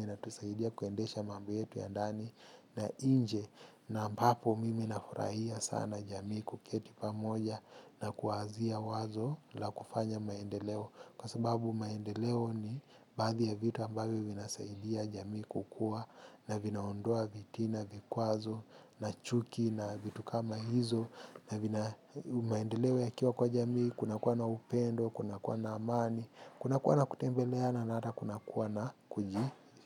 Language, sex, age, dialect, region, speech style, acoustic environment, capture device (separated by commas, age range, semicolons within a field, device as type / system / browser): Swahili, male, 25-34, Kenya - Mombasa, Kenya, happy; focused, people talking; construction; music; traffic; appliances, mobile / Linux / Chrome